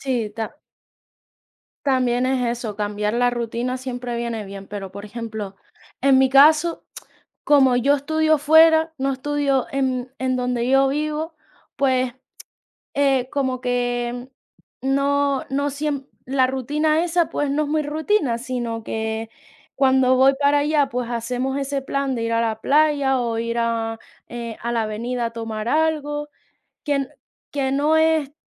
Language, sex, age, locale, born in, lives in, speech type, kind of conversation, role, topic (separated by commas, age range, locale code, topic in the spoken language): Spanish, female, 20-24, es-ES, Spain, Spain, conversation, unstructured, none, ¿Cuál es tu forma favorita de pasar tiempo con tus amigos?